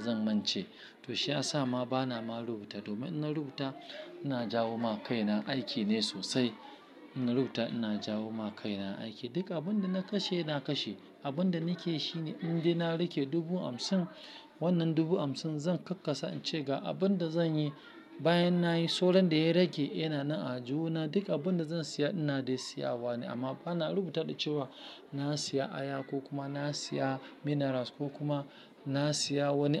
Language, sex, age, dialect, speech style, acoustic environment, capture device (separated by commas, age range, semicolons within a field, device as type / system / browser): Hausa, male, 35-44, Nigeria - Lagos Yoruba, focused, silence, mobile / Linux / Chrome